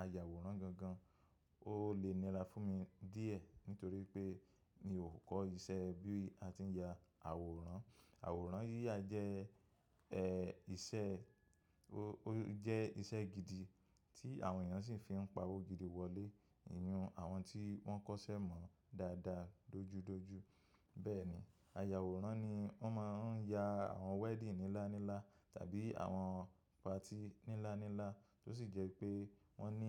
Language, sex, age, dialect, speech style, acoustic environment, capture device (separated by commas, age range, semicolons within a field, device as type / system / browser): Yoruba, male, 25-34, Nigeria - Lagos Yoruba, happy, silence; nature, mobile / macOS / Safari